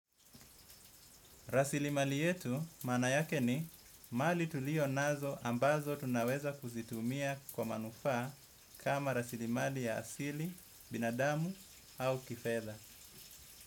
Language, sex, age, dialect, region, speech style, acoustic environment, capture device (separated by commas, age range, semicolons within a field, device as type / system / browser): Swahili, male, 25-34, Kenya - Mombasa, Kenya, happy; focused, silence; nature, desktop / Windows / Edge